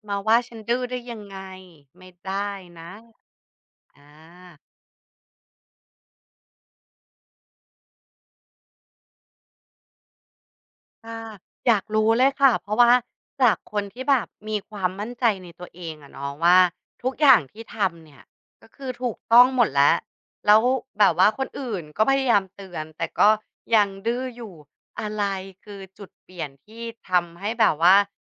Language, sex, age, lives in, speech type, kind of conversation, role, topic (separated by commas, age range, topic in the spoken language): Thai, female, 35-39, Thailand, conversation, podcast, host, อะไรทำให้คุณยอมรับตัวเองมากขึ้น?